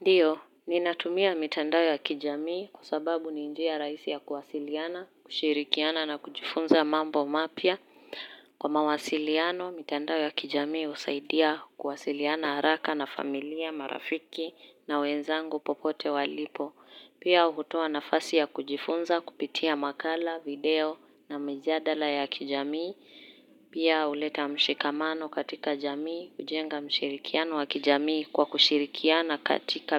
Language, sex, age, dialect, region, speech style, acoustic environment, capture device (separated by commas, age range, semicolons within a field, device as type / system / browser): Swahili, female, 18-24, Kenya - Nairobi Sheng-influenced, Kenya, happy; focused, silence, mobile / Linux / Chrome